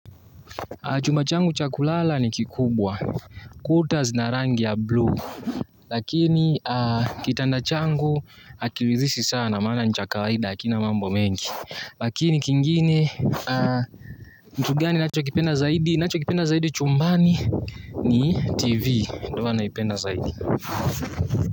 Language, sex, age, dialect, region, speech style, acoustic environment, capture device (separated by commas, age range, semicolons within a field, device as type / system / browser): Swahili, male, 18-24, Tanzania - Dar es Salaam, Tanzania, anxious, nature, mobile / Linux / Chrome